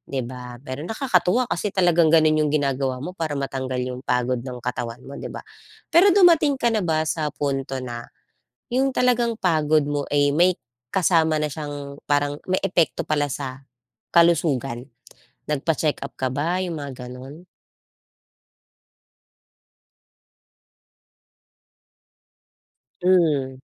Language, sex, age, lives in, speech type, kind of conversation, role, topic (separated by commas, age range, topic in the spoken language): Filipino, female, 35-39, Philippines, conversation, podcast, host, Paano mo malalaman kung talagang pagod na pagod na ang katawan mo?